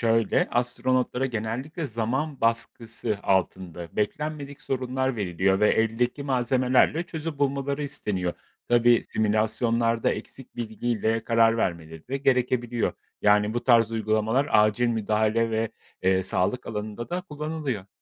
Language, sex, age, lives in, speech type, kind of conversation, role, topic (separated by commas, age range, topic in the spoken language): Turkish, male, 35-39, Poland, read, expert-assistant, expert, Uzayda yeni şeyler öğrenmek için astronotlar hangi yöntemleri kullanıyor?